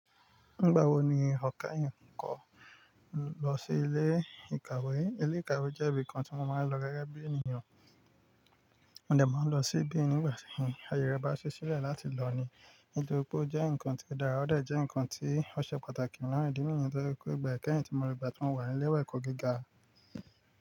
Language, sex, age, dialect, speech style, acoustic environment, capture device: Yoruba, male, 25-34, Nigeria - Nigerian Standard, relaxed, nature, mobile / Linux / Chrome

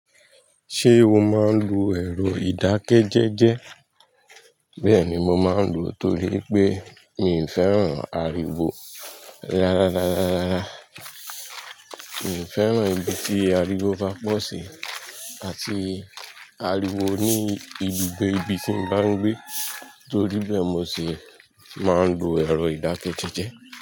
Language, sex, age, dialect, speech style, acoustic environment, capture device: Yoruba, male, 25-34, Nigeria - Nigerian Standard, tired, nature; people talking; traffic; construction, mobile / Linux / Chrome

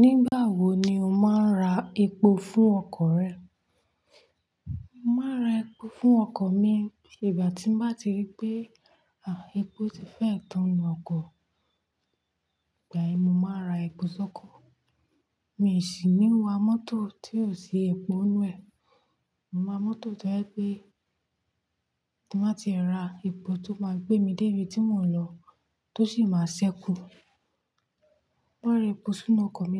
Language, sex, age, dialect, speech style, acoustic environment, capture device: Yoruba, female, 25-34, Nigeria - Nigerian Standard, focused, nature, mobile / Linux / Chrome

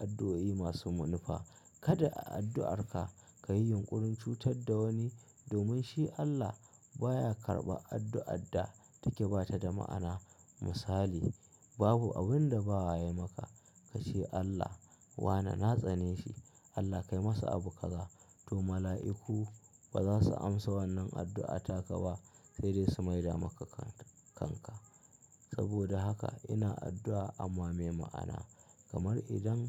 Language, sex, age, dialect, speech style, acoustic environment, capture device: Hausa, male, 25-34, Nigeria - Kano, happy, silence, mobile / Linux / Chrome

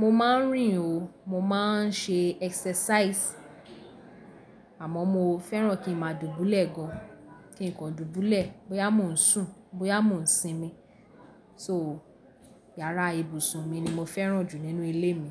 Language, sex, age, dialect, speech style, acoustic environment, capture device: Yoruba, female, 18-24, Nigeria - Lagos Yoruba, happy; excited; focused, people talking, mobile / Linux / Chrome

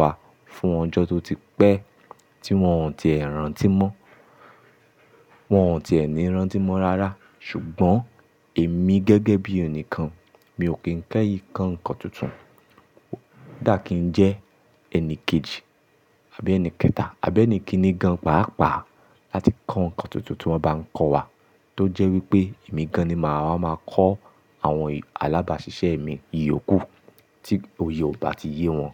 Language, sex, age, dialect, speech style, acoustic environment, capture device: Yoruba, male, 25-34, Nigeria - Ibadan, happy, silence, mobile / Linux / Chrome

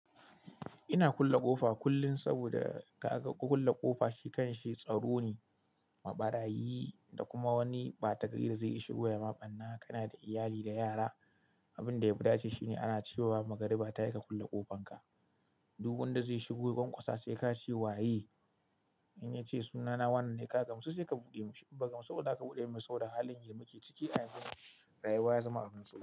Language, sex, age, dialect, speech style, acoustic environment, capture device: Hausa, male, 25-34, Nigeria - Kano, happy, silence, mobile / Linux / Chrome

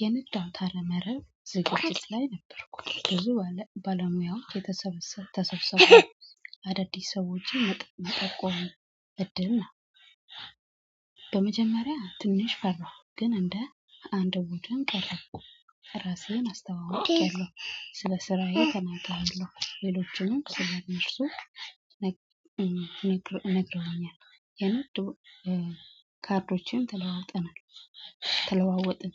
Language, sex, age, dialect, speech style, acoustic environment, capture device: Amharic, female, 25-34, Ethiopia - Addis Ababa, happy, people talking, mobile / Android / Chrome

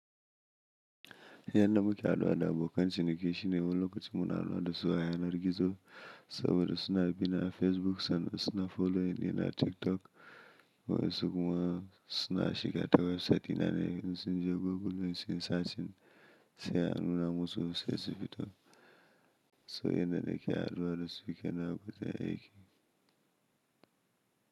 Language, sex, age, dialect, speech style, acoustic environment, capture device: Hausa, male, 25-34, Nigeria - Kano, happy; anxious, silence, mobile / Linux / Chrome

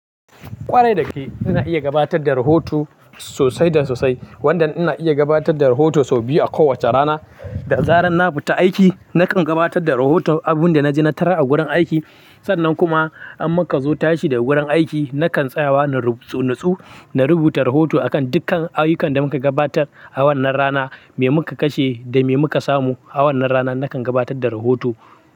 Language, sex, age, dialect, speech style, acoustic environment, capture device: Hausa, male, 18-24, Nigeria - Kano, happy, nature; silence, mobile / Linux / Chrome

